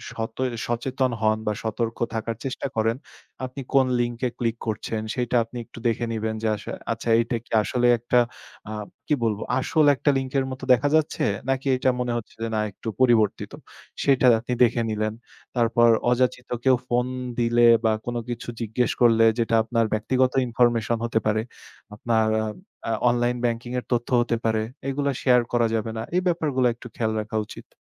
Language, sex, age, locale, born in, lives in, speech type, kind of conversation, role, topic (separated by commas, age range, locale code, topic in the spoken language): Bengali, male, 25-29, bn-BD, Bangladesh, Bangladesh, conversation, podcast, guest, অনলাইন পেমেন্টে নিরাপত্তা কীভাবে বজায় রাখবেন?